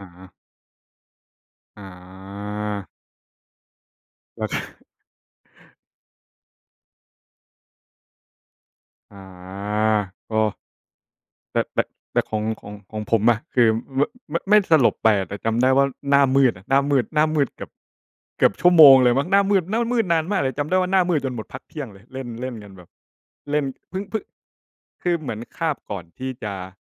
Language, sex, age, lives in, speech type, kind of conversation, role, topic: Thai, male, 25-29, Thailand, conversation, unstructured, none, คุณชอบเล่นกีฬาประเภทไหนมากที่สุด?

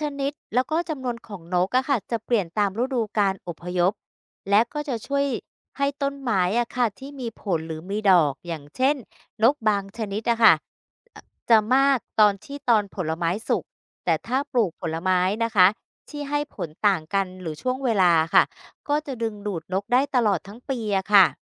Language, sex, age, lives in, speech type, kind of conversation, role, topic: Thai, female, 50-54, Thailand, read, expert-assistant, expert, เวลาเราปลูกต้นไม้เพิ่มเพื่อฟื้นฟูพื้นที่ธรรมชาติ มันได้ผลเหมือนกับป่าธรรมชาติจริงไหม หรือมีอะไรที่ต่างกัน?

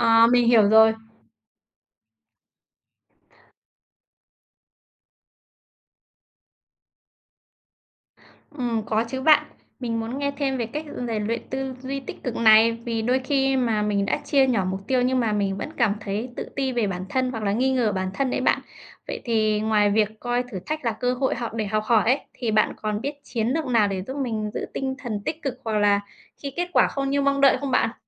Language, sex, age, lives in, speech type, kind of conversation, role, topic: Vietnamese, female, 30-34, Vietnam, read, expert-assistant, asker, Làm thế nào để xác định mục tiêu vừa thực tế vừa truyền cảm hứng cho bản thân?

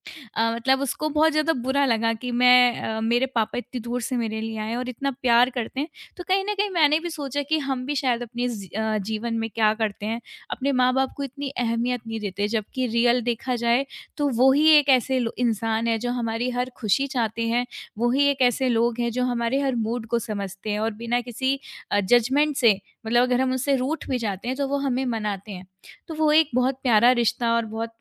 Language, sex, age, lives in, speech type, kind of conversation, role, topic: Hindi, female, 25-29, India, conversation, podcast, guest, Kaunsi film ya serial tumhe zindagi ke baare mein sochne par majboor karti hai?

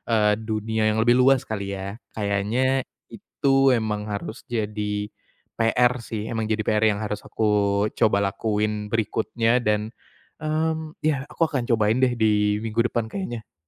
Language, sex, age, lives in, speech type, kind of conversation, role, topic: Indonesian, male, 25-29, Indonesia, conversation, advice, user, Bagaimana cara mempertahankan minat pada hobi dalam jangka panjang?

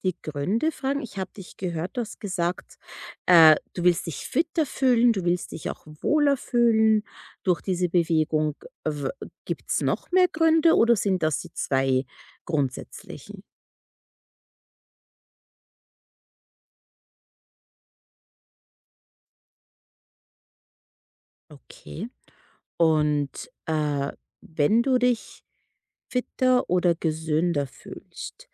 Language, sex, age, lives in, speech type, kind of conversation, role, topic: German, female, 30-34, United States, conversation, advice, advisor, Wie kann ich es schaffen, beim Training dauerhaft dranzubleiben?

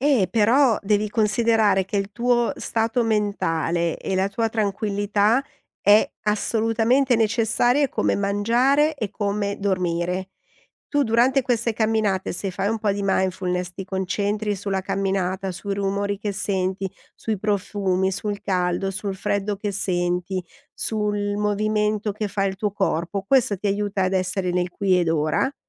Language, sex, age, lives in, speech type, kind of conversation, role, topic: Italian, female, 50-54, Italy, conversation, advice, advisor, Come posso scegliere l’obiettivo giusto quando ho troppe priorità in conflitto?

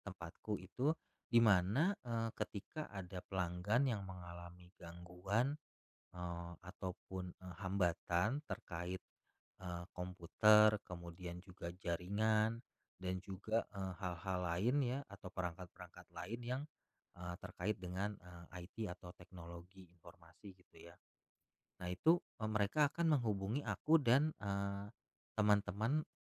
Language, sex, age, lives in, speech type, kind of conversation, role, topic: Indonesian, male, 35-39, Indonesia, conversation, podcast, guest, Bagaimana cara mencoba pekerjaan baru tanpa harus berhenti dari pekerjaan saat ini?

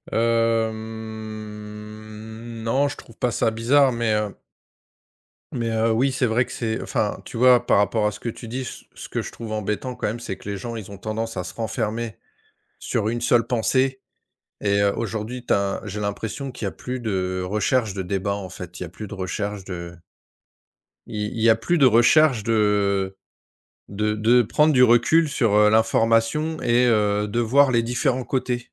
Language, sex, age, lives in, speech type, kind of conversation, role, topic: French, male, 45-49, France, conversation, unstructured, none, Que ressentez-vous lorsque certains journalistes déforment les faits ?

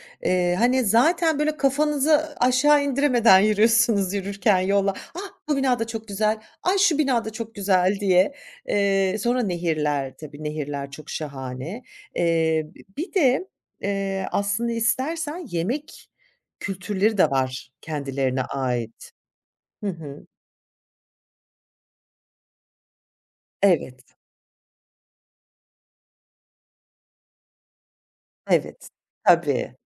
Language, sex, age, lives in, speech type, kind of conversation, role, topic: Turkish, female, 45-49, France, conversation, podcast, guest, Hâlâ unutamadığın bir seyahat anın var mı?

